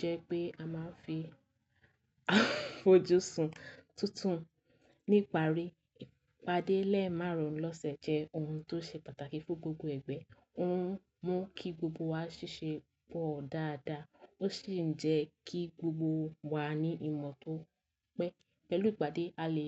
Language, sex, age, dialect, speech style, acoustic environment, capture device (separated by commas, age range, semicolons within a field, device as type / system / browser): Yoruba, female, 18-24, Nigeria - Lagos Yoruba, happy; tired; relaxed, silence, mobile / Linux / Chrome